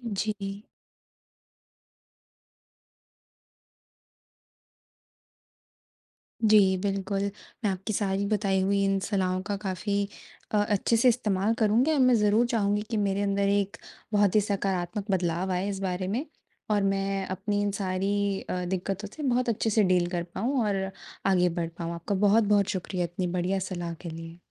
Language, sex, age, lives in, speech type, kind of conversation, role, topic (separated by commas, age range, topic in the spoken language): Hindi, female, 20-24, India, conversation, advice, user, मैं नकारात्मक आत्म-वार्तालाप से कैसे छुटकारा पाकर अपना आत्मविश्वास बढ़ा सकता/सकती हूँ?